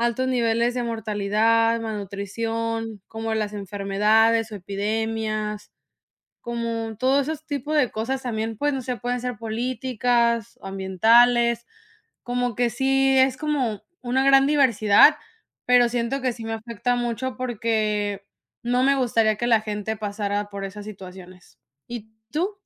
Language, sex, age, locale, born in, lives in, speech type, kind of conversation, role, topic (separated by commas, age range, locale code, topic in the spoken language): Spanish, female, 25-29, es-MX, Mexico, United States, conversation, unstructured, none, ¿Cómo te sientes cuando las noticias hablan de crisis humanitarias?